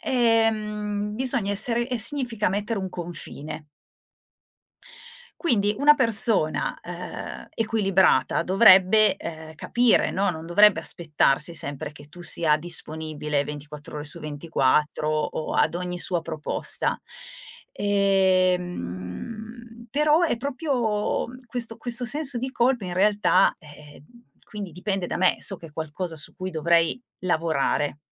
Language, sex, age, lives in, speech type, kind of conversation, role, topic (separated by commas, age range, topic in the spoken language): Italian, female, 45-49, Italy, conversation, podcast, guest, Come fai a dire di no senza sentirti in colpa?